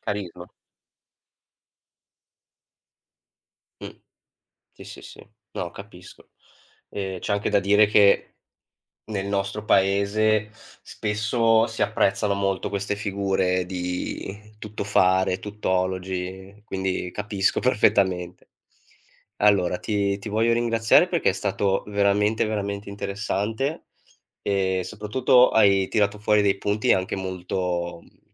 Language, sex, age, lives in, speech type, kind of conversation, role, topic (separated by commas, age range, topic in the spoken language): Italian, male, 25-29, Italy, conversation, podcast, host, Che cosa rende un’icona duratura nel mondo dell’intrattenimento?